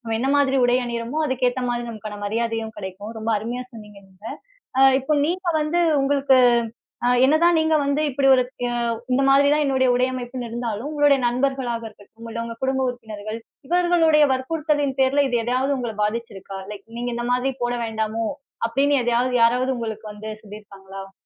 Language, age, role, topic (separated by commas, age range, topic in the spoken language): Tamil, 30-34, host, உடைத் தேர்வில் எளிமையும் தனித்துவ வெளிப்பாடும் சமநிலையில் இருப்பது எப்படி?